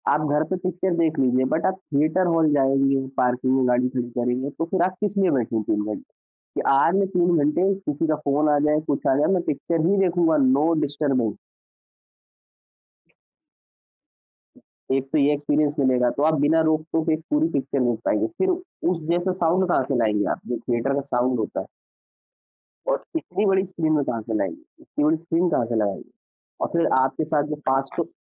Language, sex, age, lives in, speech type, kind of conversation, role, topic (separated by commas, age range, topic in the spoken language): Hindi, male, 25-29, India, conversation, podcast, guest, Streaming release aur theatre release mein se aapki preference kya hai, aur kyun?